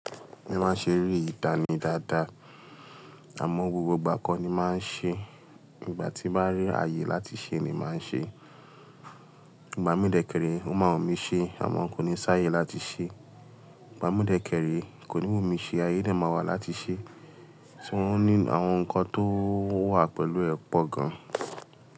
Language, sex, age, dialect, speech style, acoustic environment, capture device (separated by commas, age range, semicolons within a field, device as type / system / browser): Yoruba, male, 25-34, Nigeria - Lagos Yoruba, relaxed; tired, nature; traffic, mobile / Linux / Chrome